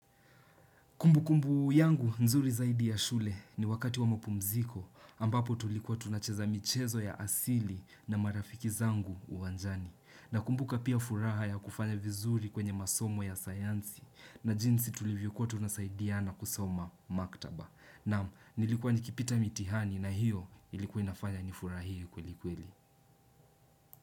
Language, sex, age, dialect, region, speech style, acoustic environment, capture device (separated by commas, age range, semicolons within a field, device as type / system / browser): Swahili, male, 18-24, Kenya - Nairobi Sheng-influenced, Kenya, happy, silence, desktop / Windows / Chrome